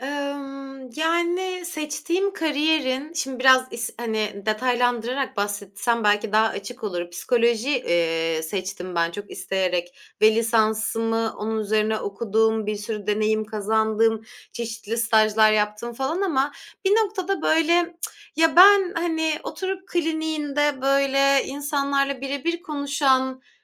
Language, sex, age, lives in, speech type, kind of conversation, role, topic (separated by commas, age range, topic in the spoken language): Turkish, female, 25-29, Germany, conversation, podcast, guest, Kariyer değişikliği kimliğini nasıl etkiledi?